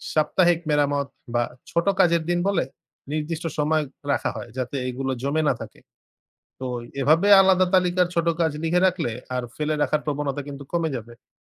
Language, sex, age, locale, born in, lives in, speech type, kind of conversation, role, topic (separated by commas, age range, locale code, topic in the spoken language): Bengali, male, 20-24, bn-BD, Bangladesh, Bangladesh, read, expert-assistant, expert, খামারের দৈনন্দিন কাজ কীভাবে সহজে সংগঠিত ও সমন্বয় করা যায়?